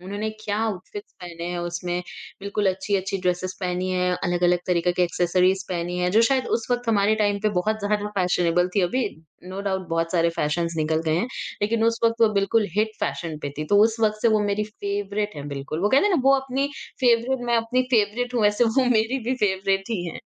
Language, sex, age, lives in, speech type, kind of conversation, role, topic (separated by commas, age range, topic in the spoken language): Hindi, female, 40-44, India, conversation, podcast, guest, Kis style icon se tum sabse zyada inspire hote ho, aur kyun?